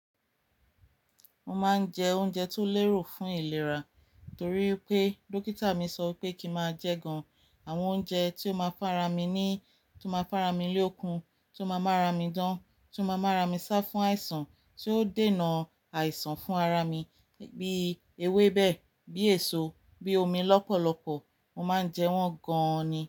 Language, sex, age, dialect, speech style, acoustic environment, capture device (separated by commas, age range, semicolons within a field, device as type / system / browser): Yoruba, female, 35-44, Nigeria - Ibadan, relaxed, nature; silence, mobile / Linux / Chrome